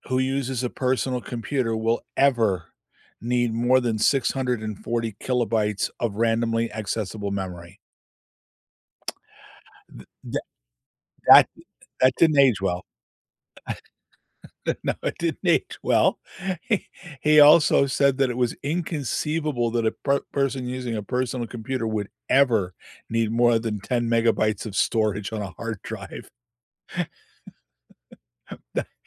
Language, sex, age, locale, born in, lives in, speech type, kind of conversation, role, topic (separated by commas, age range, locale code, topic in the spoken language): English, male, 65-69, en-US, United States, United States, conversation, unstructured, none, What are your first impressions of the AI features on your phone or laptop?